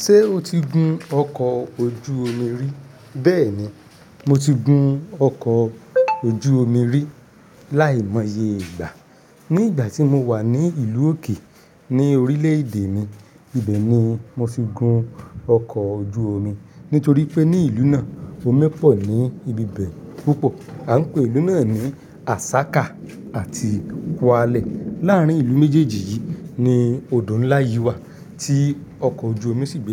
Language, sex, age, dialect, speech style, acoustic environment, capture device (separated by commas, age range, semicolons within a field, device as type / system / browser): Yoruba, male, 25-34, Nigeria - Ibadan, happy, people talking; silence, mobile / Linux / Chrome